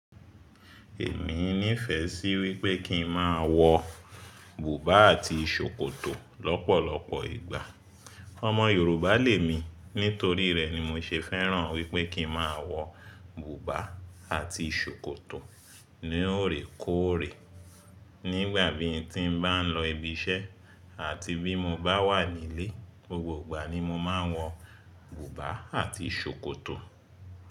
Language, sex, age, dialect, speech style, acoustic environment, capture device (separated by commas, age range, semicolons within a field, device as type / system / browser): Yoruba, male, 25-34, Nigeria - Lagos Yoruba, happy, silence, mobile / Linux / Chrome